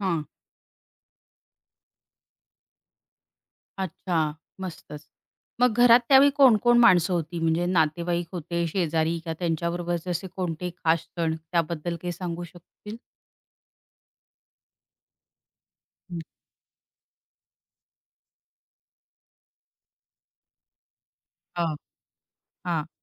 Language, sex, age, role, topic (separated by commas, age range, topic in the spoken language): Marathi, female, 35-39, host, तुझ्या बालपणीचं घर कसं होतं, थोडं सांगशील का?